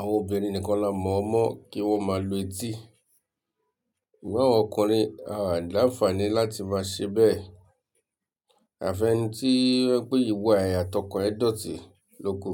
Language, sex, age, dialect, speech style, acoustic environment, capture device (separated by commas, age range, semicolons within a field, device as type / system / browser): Yoruba, male, 35-44, Nigeria - Lagos Yoruba, relaxed, silence; nature, mobile / Linux / Chrome